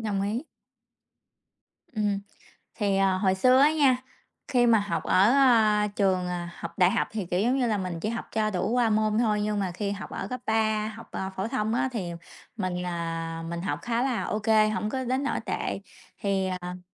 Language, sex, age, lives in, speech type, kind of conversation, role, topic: Vietnamese, female, 30-34, United States, conversation, unstructured, none, Bạn có thói quen nào giúp bạn tập trung hơn không?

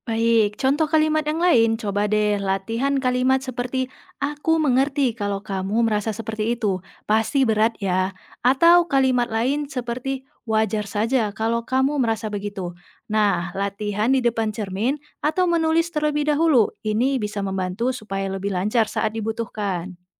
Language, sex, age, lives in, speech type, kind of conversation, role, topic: Indonesian, female, 25-29, Indonesia, read, expert-assistant, expert, Apakah ada buku, podcast, atau kursus daring yang direkomendasikan untuk mengasah keterampilan komunikasi dalam hubungan sehari-hari?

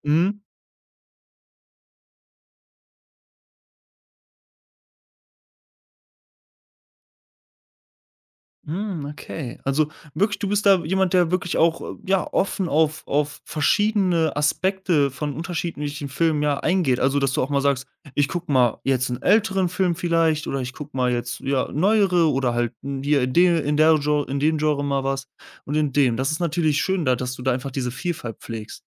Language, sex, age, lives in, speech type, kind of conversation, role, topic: German, male, 20-24, Germany, conversation, podcast, host, Wie wichtig ist Vielfalt in Filmen und Serien?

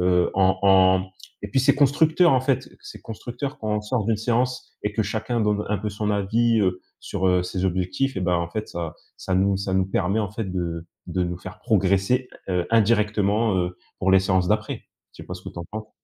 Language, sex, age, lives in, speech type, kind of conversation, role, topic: French, male, 25-29, France, conversation, unstructured, none, Quels effets as-tu remarqués en faisant du sport régulièrement ?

